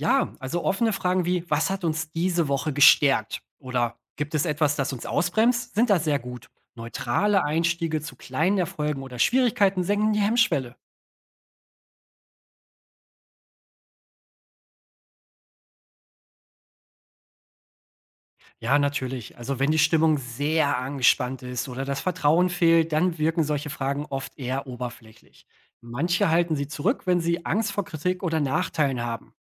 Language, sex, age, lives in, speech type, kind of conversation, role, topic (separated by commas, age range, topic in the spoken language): German, male, 30-34, Germany, read, expert-assistant, expert, Wie finde ich heraus, ob es beim Streit wirklich ums aktuelle Thema geht oder ob etwas anderes dahintersteckt?